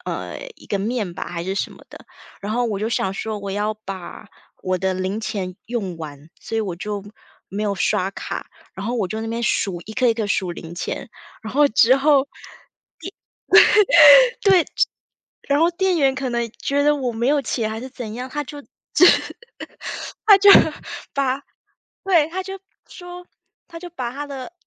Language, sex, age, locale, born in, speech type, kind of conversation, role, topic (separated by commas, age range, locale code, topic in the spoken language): Chinese, female, 35-39, zh-TW, Taiwan, conversation, podcast, guest, 你在本地有没有遇到过热心的陌生人？